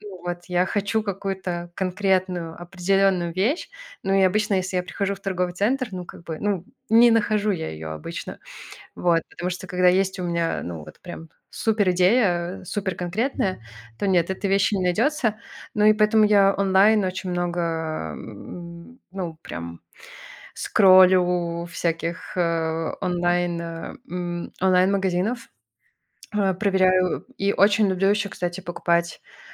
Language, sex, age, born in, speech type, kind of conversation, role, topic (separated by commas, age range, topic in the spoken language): Russian, male, 30-34, Belarus, conversation, podcast, guest, Как ты используешь одежду, чтобы подчеркнуть свою идентичность?